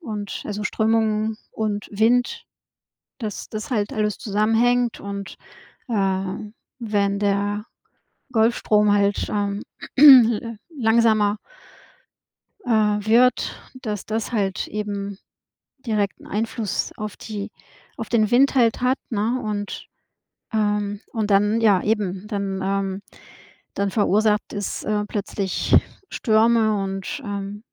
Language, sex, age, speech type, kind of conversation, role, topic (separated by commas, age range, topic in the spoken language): German, female, 50-54, conversation, unstructured, none, Was macht dir am Klimawandel am meisten Angst?